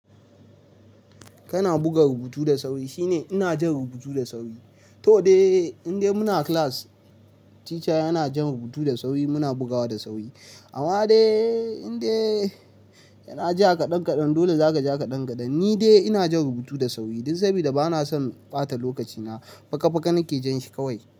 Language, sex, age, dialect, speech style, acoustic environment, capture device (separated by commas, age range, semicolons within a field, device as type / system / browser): Hausa, male, 18-24, Nigeria - Nigerian Standard, happy; tired, appliances, mobile / Linux / Chrome